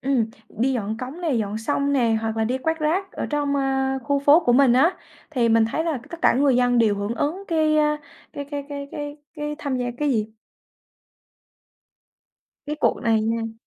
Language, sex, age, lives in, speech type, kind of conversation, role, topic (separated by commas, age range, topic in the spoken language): Vietnamese, female, 20-24, Vietnam, conversation, podcast, guest, Thói quen nhỏ nào bạn thường làm để bảo vệ môi trường?